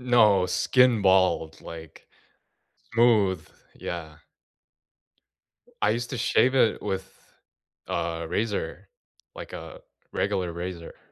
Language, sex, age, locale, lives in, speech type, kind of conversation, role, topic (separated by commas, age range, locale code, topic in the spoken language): English, male, 20-24, en-US, United States, conversation, unstructured, none, What have recent changes, missteps, and small wins taught you about yourself and your connections?